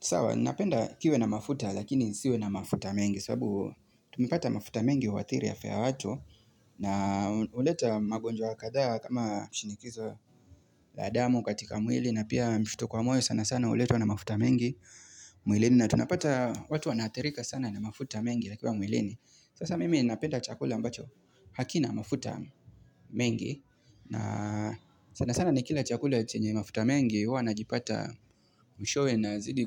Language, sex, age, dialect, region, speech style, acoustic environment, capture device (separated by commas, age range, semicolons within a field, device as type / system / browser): Swahili, male, 25-34, Kenya - Mombasa, Kenya, relaxed, nature, mobile / Linux / Chrome